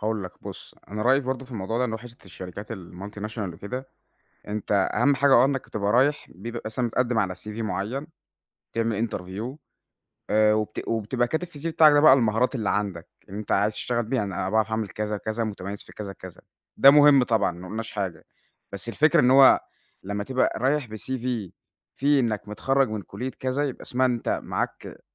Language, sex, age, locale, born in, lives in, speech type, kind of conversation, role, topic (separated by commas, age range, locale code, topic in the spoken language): Arabic, male, 20-24, ar-EG, Egypt, Egypt, conversation, podcast, guest, إيه رأيك في أهمية الشهادة الجامعية دلوقتي؟